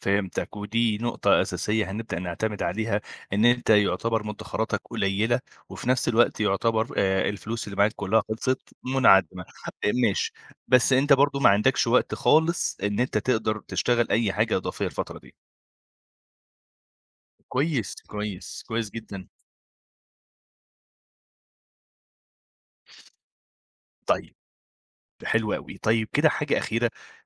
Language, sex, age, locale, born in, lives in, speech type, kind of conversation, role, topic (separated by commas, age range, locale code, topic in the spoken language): Arabic, male, 25-29, ar-EG, Egypt, Egypt, conversation, advice, advisor, إزاي الفواتير المفاجئة لخبطت تخطيطك المالي؟